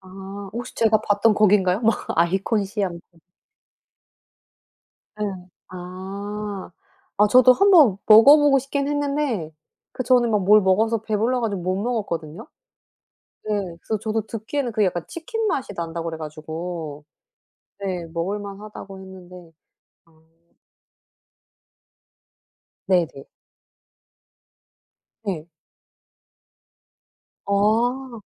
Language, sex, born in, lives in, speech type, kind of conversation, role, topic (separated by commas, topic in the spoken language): Korean, female, South Korea, South Korea, conversation, unstructured, none, 여행지에서 먹어 본 음식 중 가장 특이했던 것은 무엇인가요?